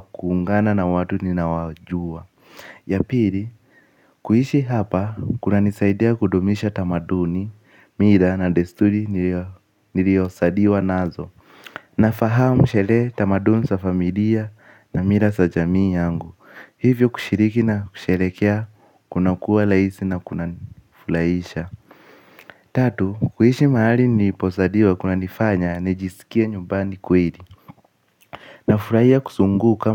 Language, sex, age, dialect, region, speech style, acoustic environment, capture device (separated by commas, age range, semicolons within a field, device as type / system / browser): Swahili, male, 18-24, Kenya - Nairobi Sheng-influenced, Kenya, excited; focused, nature, mobile / Linux / Chrome